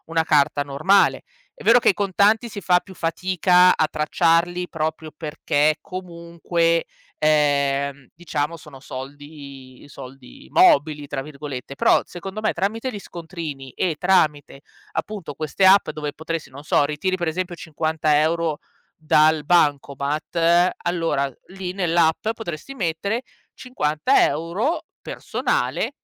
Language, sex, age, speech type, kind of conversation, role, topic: Italian, female, 35-39, conversation, advice, advisor, Come posso sentirmi meno sopraffatto nel tenere traccia di molte piccole spese quotidiane?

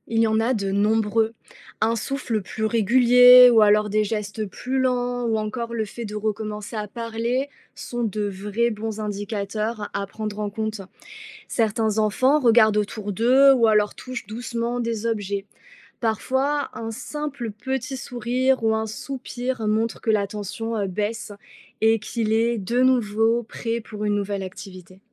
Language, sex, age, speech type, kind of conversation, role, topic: French, female, 30-34, read, expert-assistant, expert, Comment aider un enfant à reconnaître et exprimer ses émotions ?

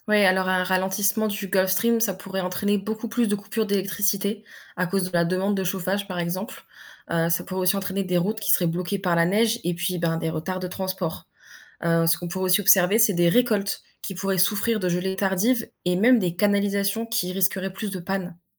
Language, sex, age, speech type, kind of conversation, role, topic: French, female, 20-24, read, expert-assistant, expert, Pourquoi les courants océaniques se forment-ils et en quoi influencent-ils le climat de la planète ?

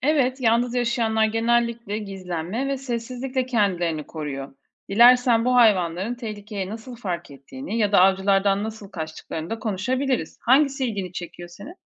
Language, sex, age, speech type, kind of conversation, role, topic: Turkish, female, 40-44, read, expert-assistant, expert, Bazı hayvanlar gruplar halinde yaşarken bazıları tek başına takılır. Topluluk içinde yaşamak mı, yoksa yalnız olmak mı evrim açısından daha çok fayda sağlamıştır?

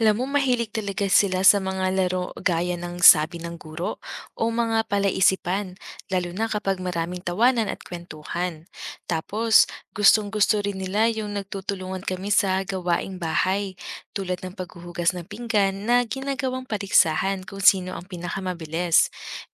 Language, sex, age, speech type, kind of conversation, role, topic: Filipino, female, 30-34, read, expert-assistant, asker, Paano magiging ligtas at masaya ang unang family camping kasama ang mga bata?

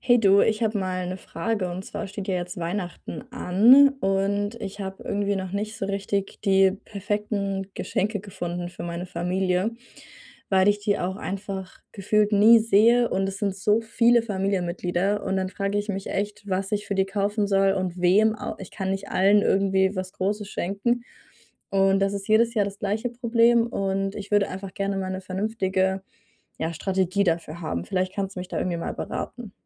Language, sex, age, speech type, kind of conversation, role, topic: German, female, 20-24, conversation, advice, user, Welches Geschenk soll ich meiner Freundin zum Geburtstag kaufen?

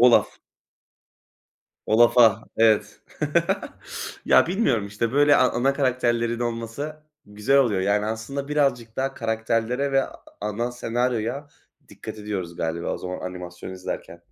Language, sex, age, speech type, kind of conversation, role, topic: Turkish, male, 20-24, conversation, unstructured, none, Animasyon filmleri izlerken nelere dikkat edersiniz?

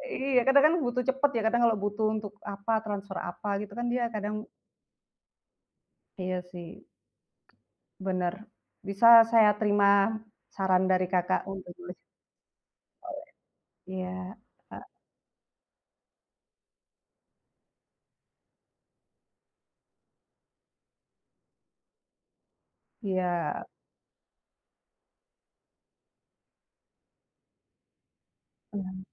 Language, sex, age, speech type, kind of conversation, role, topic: Indonesian, female, 25-29, conversation, unstructured, none, Apa hal yang paling menantang dalam mengatur keuangan pribadi?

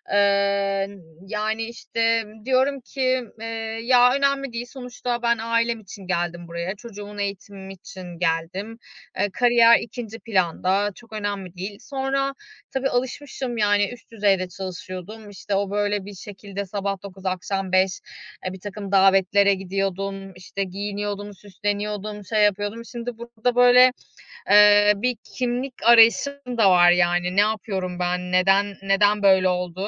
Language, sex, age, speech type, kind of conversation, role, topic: Turkish, female, 35-39, conversation, advice, user, İş kaybından sonra yeni bir kariyer ararken kimliğimi nasıl yeniden tanımlayabilirim?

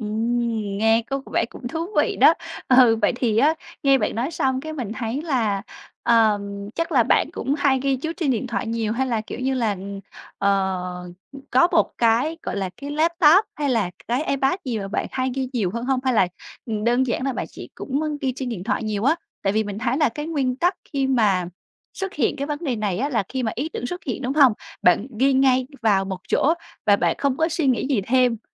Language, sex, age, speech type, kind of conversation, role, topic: Vietnamese, female, 25-29, conversation, advice, advisor, Làm sao để lưu trữ và tổ chức ý tưởng hiệu quả?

